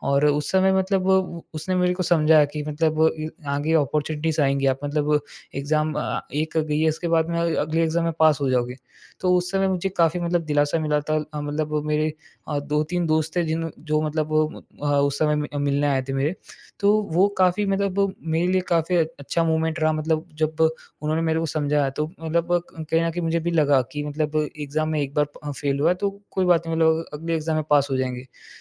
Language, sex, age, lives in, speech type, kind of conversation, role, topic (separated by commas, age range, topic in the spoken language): Hindi, male, 20-24, India, conversation, podcast, guest, Jab tum low feel karte ho, toh apne aap ko kaise sambhalte ho?